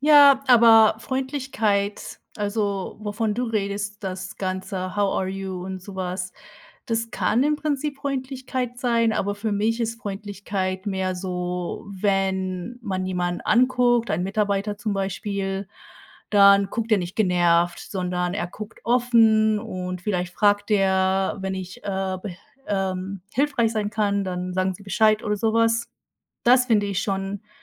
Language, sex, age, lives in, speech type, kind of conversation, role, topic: German, female, 30-34, United States, conversation, unstructured, none, Wie wichtig ist dir Freundlichkeit im Umgang mit anderen?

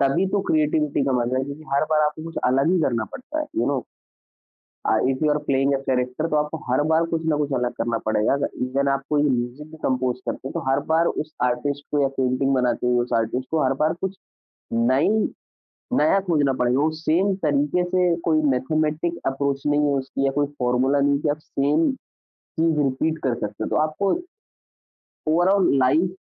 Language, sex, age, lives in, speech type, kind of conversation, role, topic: Hindi, male, 25-29, India, conversation, podcast, guest, Jab tumhe creative block aata hai, toh tum kya karte ho?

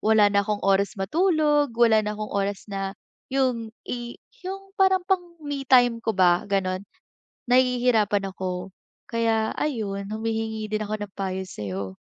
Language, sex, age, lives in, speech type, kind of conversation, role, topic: Filipino, female, 20-24, Philippines, conversation, advice, user, Paano ko maibabalik ang kontrol sa personal kong oras kapag palaging trabaho at mga obligasyon ang inuuna?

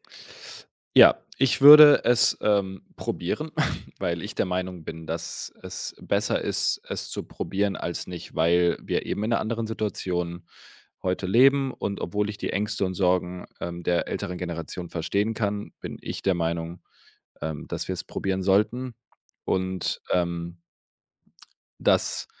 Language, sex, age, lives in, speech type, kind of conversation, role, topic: German, male, 25-29, Germany, conversation, advice, advisor, Wie kann ich mit der Angst umgehen, meine Familie oder Freunde durch eine Lebensveränderung zu enttäuschen?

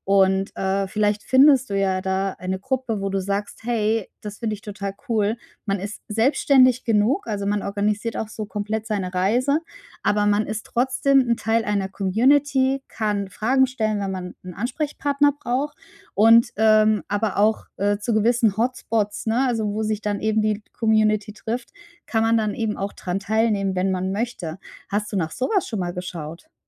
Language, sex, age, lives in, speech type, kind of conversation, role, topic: German, female, 35-39, Germany, conversation, advice, advisor, Wie kann ich meine Reiseangst und Unsicherheit überwinden?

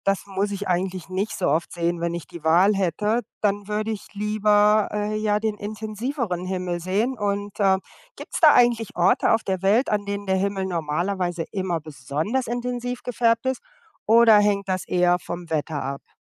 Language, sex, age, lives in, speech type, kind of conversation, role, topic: German, female, 55-59, United States, read, expert-assistant, asker, Warum ist der Himmel blau?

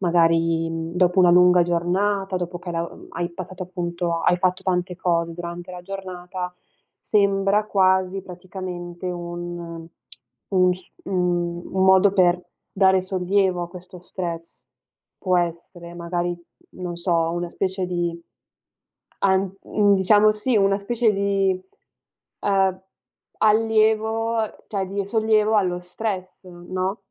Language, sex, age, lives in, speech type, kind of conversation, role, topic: Italian, female, 20-24, Italy, conversation, advice, advisor, Come posso gestire la voglia di fare uno spuntino notturno che mi sveglia e interrompe il sonno?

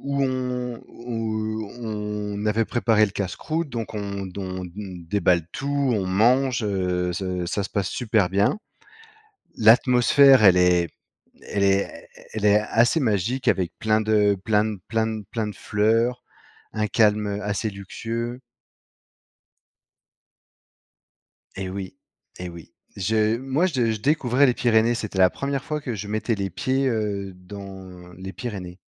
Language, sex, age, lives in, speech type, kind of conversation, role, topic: French, male, 35-39, France, conversation, podcast, guest, Quel est ton plus beau souvenir de randonnée ?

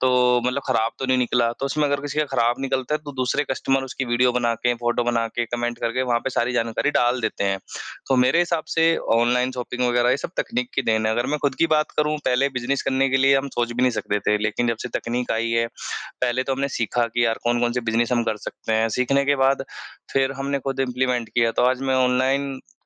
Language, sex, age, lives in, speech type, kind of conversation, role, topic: Hindi, male, 25-29, India, conversation, unstructured, none, क्या प्रौद्योगिकी ने आपके जीवन में खुशियाँ बढ़ाई हैं?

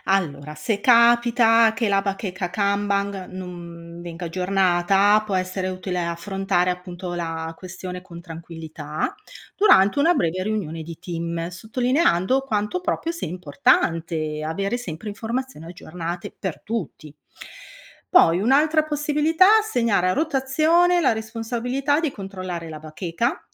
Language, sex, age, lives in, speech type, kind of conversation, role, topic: Italian, female, 55-59, Italy, read, expert-assistant, expert, Come si può organizzare efficacemente il lavoro in un ufficio amministrativo sanitario?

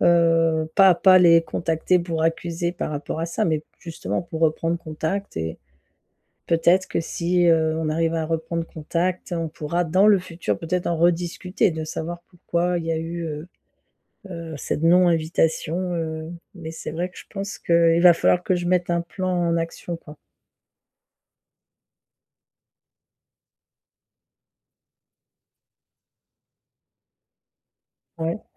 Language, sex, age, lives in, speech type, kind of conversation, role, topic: French, female, 50-54, France, conversation, advice, user, Comment parler aux autres du malaise que je ressens quand je me sens exclu(e) lors de fêtes et de célébrations ?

